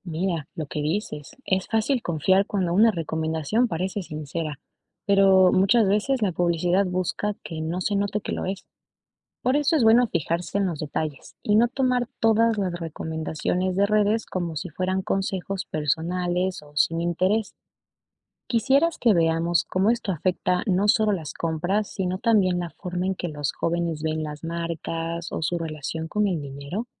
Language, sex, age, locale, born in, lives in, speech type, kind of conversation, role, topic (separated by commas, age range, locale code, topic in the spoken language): Spanish, female, 25-29, es-EC, Ecuador, Spain, read, expert-assistant, expert, ¿Qué impacto tiene la publicidad en los hábitos de consumo de los jóvenes?